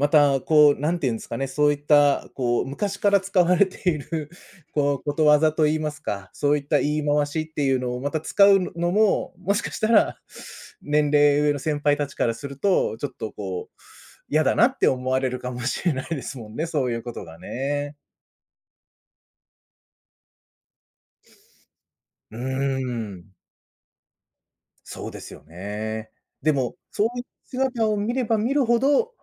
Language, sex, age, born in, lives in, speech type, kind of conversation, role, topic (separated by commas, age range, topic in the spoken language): Japanese, male, 35-39, Japan, Japan, conversation, podcast, host, 忘れられない先生や恩師についてのエピソードはありますか？